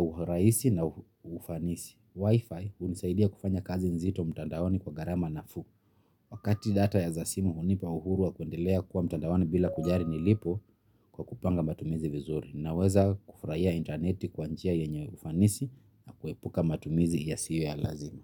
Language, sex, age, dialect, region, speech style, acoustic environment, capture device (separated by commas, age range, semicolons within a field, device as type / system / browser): Swahili, male, 25-34, Kenya - Nairobi Sheng-influenced, Kenya, relaxed, silence, mobile / Linux / Chrome